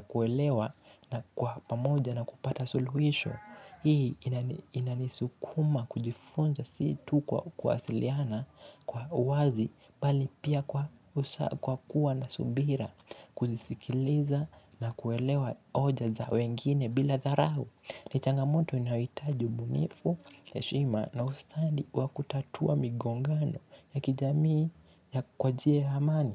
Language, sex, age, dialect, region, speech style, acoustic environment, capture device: Swahili, male, 18-24, Kenya - Mombasa, Kenya, focused; excited, appliances; nature; music, mobile / Linux / Chrome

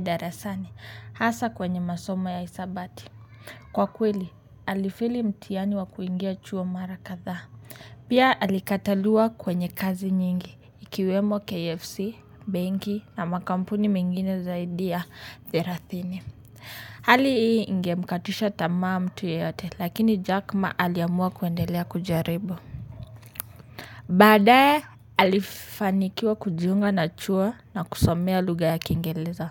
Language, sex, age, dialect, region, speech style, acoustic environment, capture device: Swahili, male, 25-34, Kenya - Nairobi Sheng-influenced, Kenya, focused, nature, mobile / Linux / Chrome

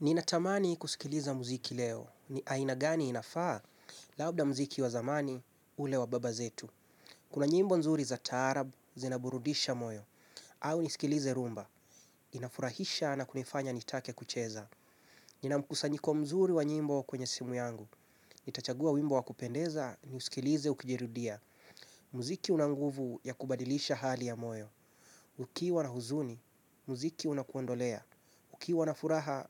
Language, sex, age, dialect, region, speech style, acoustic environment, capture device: Swahili, male, 25-34, Kenya - Mombasa, Kenya, focused; relaxed, silence, mobile / Linux / Chrome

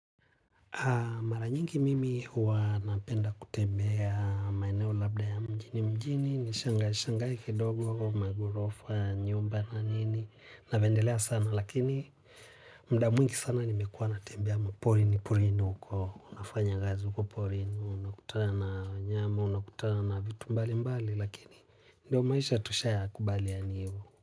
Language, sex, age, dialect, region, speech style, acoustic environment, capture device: Swahili, male, 25-34, Tanzania - Dar es Salaam, Tanzania, relaxed, people talking; music; nature, mobile / Linux / Chrome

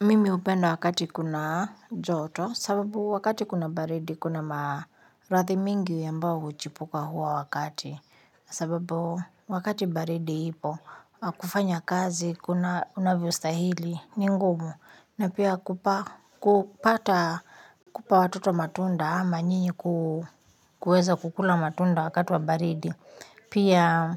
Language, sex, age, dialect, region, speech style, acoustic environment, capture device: Swahili, female, 35-44, Kenya - Nairobi Sheng-influenced, Kenya, happy, nature, mobile / Linux / Chrome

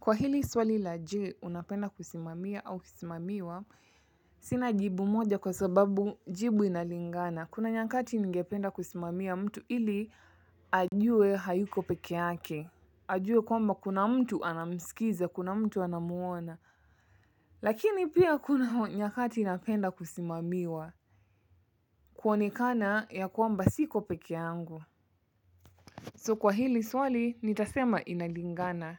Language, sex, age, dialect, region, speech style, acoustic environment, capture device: Swahili, female, 25-34, Kenya - Mombasa, Kenya, happy; relaxed; excited, silence, mobile / Linux / Chrome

